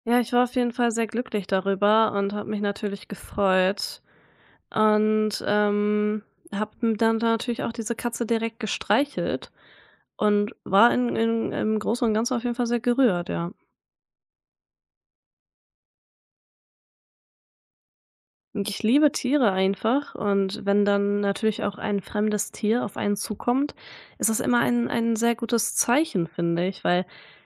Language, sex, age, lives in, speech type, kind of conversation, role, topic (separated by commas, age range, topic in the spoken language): German, female, 20-24, Germany, conversation, podcast, guest, Welche Tierbegegnung bleibt dir besonders in Erinnerung?